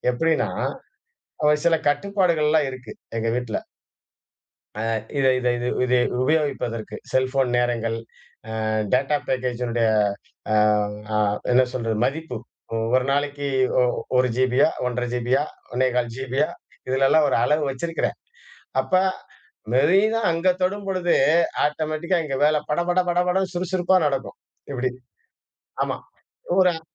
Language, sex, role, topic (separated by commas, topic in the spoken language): Tamil, male, guest, வீட்டு பணிகளில் சிறுவர்களை எப்படி மகிழ்வுடன் ஈடுபடுத்தலாம்?